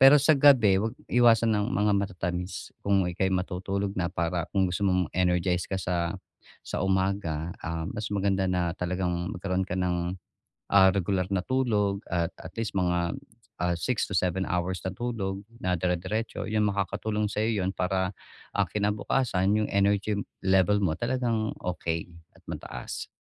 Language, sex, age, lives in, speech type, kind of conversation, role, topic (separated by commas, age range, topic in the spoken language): Filipino, male, 45-49, Philippines, conversation, advice, advisor, Paano ako makakapasok sa malalim na konsentrasyon nang hindi gaanong napapagod sa pag-iisip?